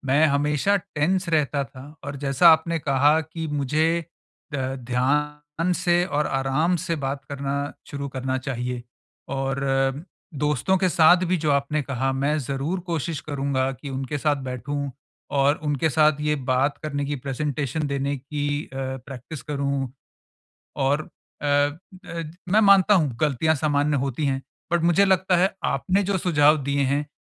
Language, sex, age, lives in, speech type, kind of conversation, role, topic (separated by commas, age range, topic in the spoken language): Hindi, male, 45-49, India, conversation, advice, user, मैं समूह में बिना घबराहट आत्मविश्वास से कैसे बोल सकता/सकती हूँ?